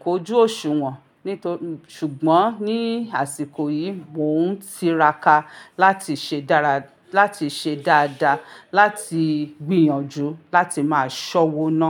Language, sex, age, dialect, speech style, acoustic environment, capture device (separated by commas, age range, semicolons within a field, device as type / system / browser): Yoruba, female, 35-44, Nigeria - Ibadan, relaxed, silence, mobile / Linux / Chrome